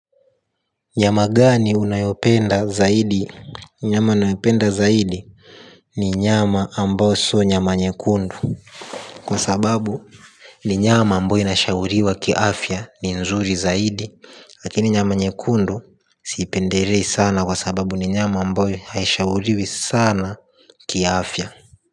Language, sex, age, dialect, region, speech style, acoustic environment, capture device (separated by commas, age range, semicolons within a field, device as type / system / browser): Swahili, male, 18-24, Tanzania - Dar es Salaam, Tanzania, happy, silence, mobile / Linux / Chrome